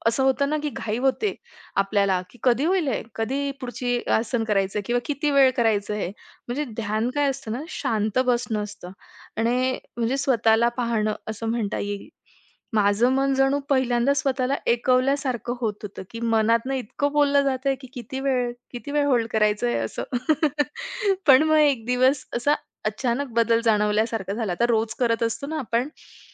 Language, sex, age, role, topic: Marathi, female, 25-29, guest, ध्यानामुळे तुमची झोप सुधारली का? तुमचा अनुभव कसा आहे?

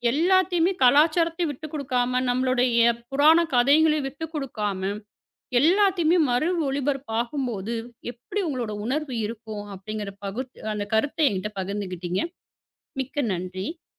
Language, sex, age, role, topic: Tamil, female, 35-39, host, பழைய தொலைக்காட்சி நிகழ்ச்சிகளை மறுபடியும் பார்க்கும்போது உங்களுக்கு என்ன மாதிரி உணர்வு வரும்?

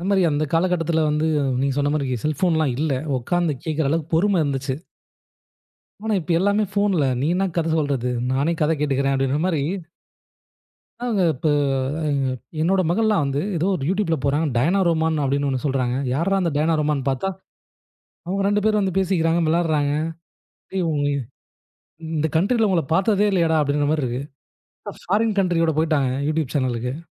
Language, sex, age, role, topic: Tamil, male, 25-29, host, தாத்தா-பாட்டி சொல்லிய கதைகள் உங்களுக்கு என்ன நினைவுகளைத் தூண்டுகின்றன?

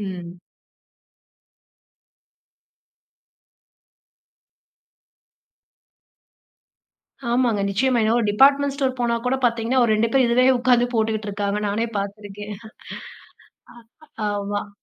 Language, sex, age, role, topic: Tamil, female, 30-34, host, பிளாஸ்டிக் பயன்பாட்டை குறைக்க, வீட்டிலும் நிகழ்ச்சிகளிலும் உணவு பகிரும்போது நீங்கள் என்ன மாற்றங்கள் செய்கிறீர்கள்?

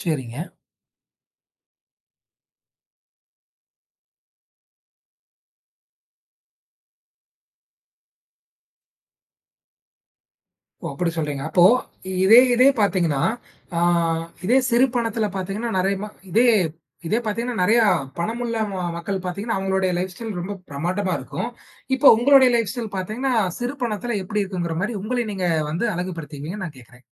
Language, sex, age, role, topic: Tamil, male, 20-24, host, குறைந்த செலவில் உங்கள் உடை அலங்காரத்தை எப்படி மேம்படுத்துகிறீர்கள்?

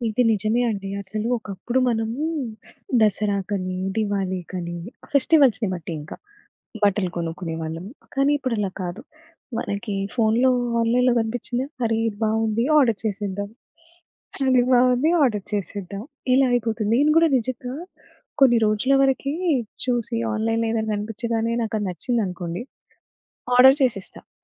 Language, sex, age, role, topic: Telugu, female, 25-29, guest, దుస్తుల్లో మీ వ్యక్తిత్వం నిజంగా కనిపిస్తుందా?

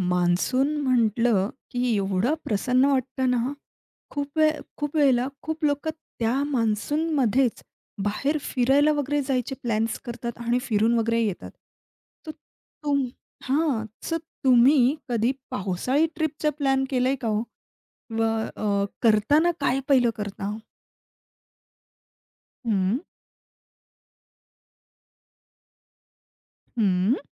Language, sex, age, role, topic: Marathi, female, 30-34, host, पावसाळ्यात बाहेर फिरण्यासाठी सर्वोत्तम तयारी कशी करावी आणि अनुभवातून कोणते धडे मिळतात?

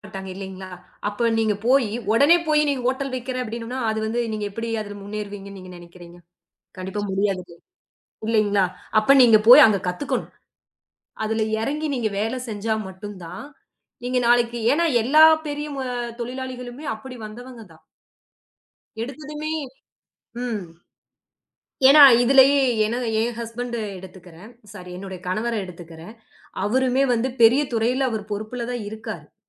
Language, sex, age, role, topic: Tamil, female, 30-34, guest, படிப்பிற்கு பணம் இல்லாமல் கற்றுக்கொள்ள நீ என்ன செய்வாய்?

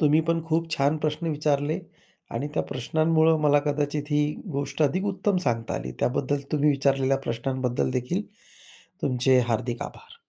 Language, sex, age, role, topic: Marathi, male, 40-44, guest, एखाद्या उत्सवात सहभागी झाल्यावर तुमच्या दृष्टीकोनात काय बदल झाला?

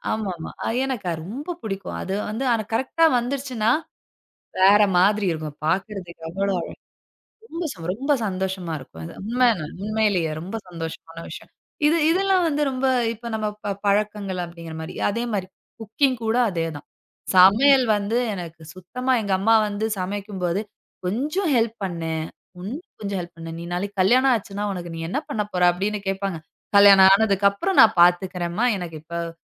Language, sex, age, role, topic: Tamil, female, 35-39, guest, தினசரி சிறு பழக்கங்கள் வளர்ச்சிக்கு எவ்வாறு உதவுகின்றன?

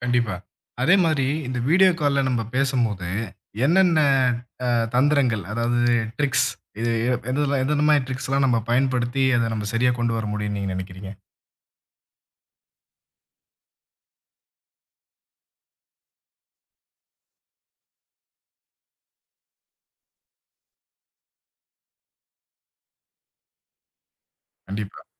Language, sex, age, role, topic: Tamil, male, 25-29, host, வீடியோ அழைப்பில் உடல்மொழி எப்படிப் மாறுகிறது?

